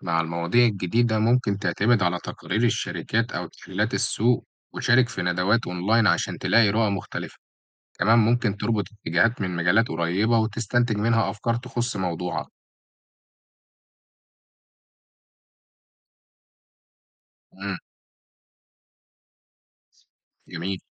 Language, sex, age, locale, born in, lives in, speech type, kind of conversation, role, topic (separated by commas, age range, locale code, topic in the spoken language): Arabic, male, 18-19, ar-EG, Egypt, Egypt, read, expert-assistant, expert, لو عايز أبحث عن موضوع معين بعمق على الإنترنت، إيه هي الاستراتيجيات اللي تخليني أوصل لمصادر موثوقة ومحتوى غني مش بس نتائج سطحية؟